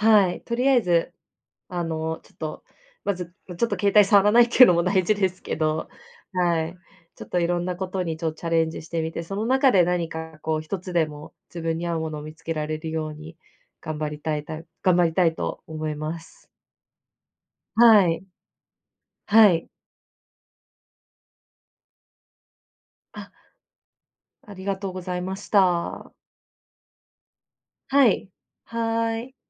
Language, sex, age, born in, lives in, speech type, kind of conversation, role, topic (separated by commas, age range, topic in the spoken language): Japanese, female, 25-29, Japan, Japan, conversation, advice, user, ストレスがたまったときに無駄遣いを防ぐには、どんな習慣を身につければよいですか？